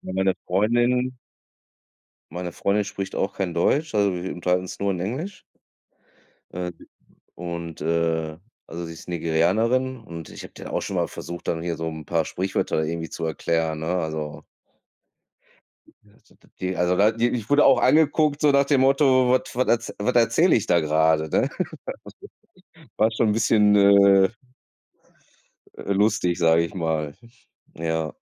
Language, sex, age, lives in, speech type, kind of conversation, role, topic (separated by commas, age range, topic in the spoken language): German, male, 35-39, Germany, conversation, unstructured, none, Wie verändert Geld Beziehungen zu Freunden oder zur Familie?